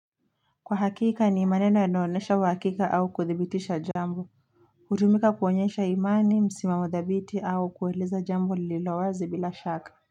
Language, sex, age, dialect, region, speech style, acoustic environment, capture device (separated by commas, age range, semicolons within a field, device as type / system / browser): Swahili, female, 18-24, Kenya - Mombasa, Kenya, relaxed, traffic, mobile / Linux / Chrome